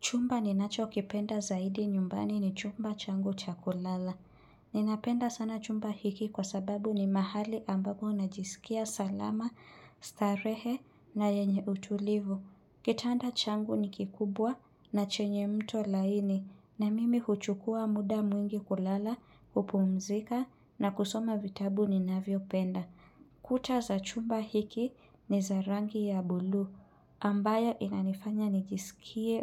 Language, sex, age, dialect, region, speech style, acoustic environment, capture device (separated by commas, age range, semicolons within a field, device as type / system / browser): Swahili, female, 25-34, Kenya - Nairobi Sheng-influenced, Kenya, relaxed; happy, silence, mobile / Linux / Chrome